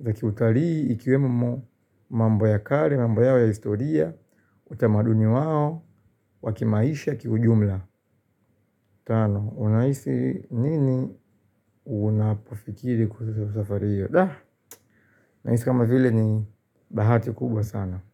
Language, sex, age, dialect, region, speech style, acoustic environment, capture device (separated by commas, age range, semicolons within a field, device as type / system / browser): Swahili, male, 25-34, Tanzania - Dar es Salaam, Tanzania, happy; relaxed, appliances, mobile / Linux / Chrome